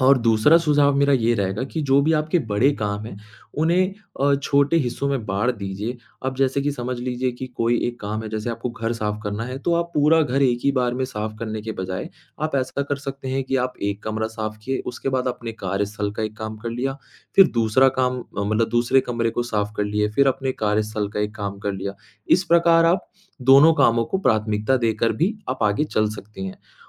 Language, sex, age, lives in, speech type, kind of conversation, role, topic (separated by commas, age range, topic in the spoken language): Hindi, male, 25-29, India, conversation, advice, advisor, दिन भर व्यस्त रहने पर भी मेरे काम पूरे क्यों नहीं हो पाते?